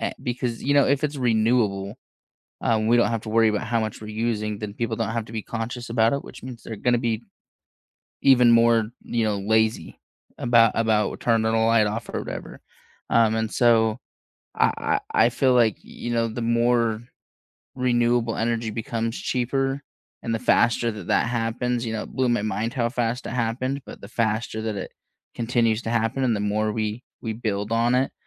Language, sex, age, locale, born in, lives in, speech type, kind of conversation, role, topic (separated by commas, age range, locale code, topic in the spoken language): English, male, 25-29, en-US, United States, United States, conversation, unstructured, none, What makes you most angry about oil spills in the ocean?